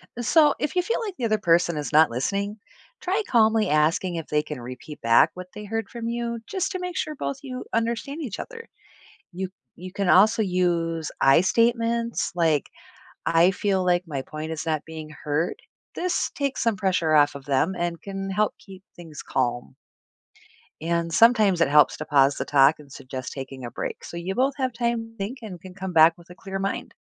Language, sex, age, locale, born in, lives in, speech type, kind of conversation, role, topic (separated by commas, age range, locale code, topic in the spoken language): English, female, 45-49, en-US, United States, United States, read, expert-assistant, expert, Why is it important to develop good listening skills?